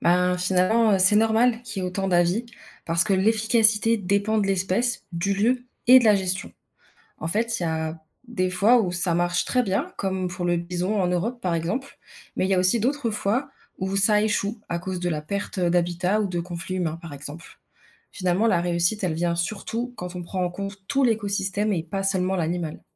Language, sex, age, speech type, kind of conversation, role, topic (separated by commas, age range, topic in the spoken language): French, female, 20-24, read, expert-assistant, expert, Pourquoi entend-on autant d’avis différents sur l’efficacité des programmes de préservation des animaux sauvages ?